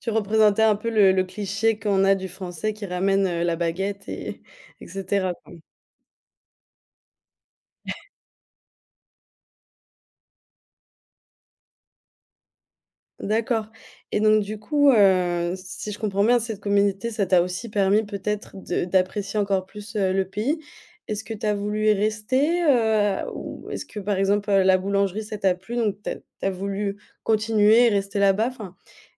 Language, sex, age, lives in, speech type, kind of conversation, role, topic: French, female, 25-29, Germany, conversation, podcast, host, Peux-tu raconter un moment où ta communauté t’a aidé ?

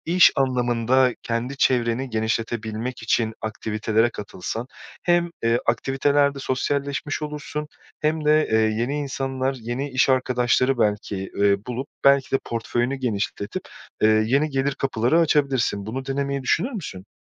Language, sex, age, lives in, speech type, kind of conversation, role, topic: Turkish, male, 30-34, Portugal, conversation, advice, advisor, Mali kısıtlamalar yüzünden istediğiniz yaşam tarzını seçememeniz size nasıl hissettiriyor ve en çok hangi alanlarda zorlanıyorsunuz?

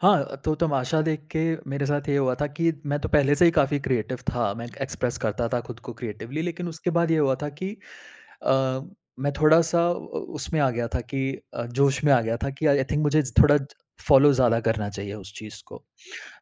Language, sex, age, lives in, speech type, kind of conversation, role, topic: Hindi, male, 30-34, India, conversation, podcast, guest, Aapko sabse zyada chhoo jaane wali kahani kaun si thi?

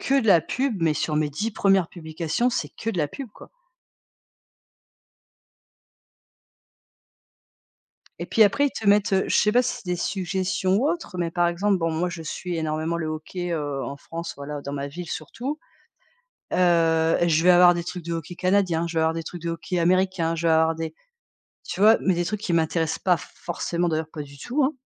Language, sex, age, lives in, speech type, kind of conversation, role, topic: French, female, 45-49, France, conversation, unstructured, none, Comment les réseaux sociaux ont-ils transformé vos interactions quotidiennes ?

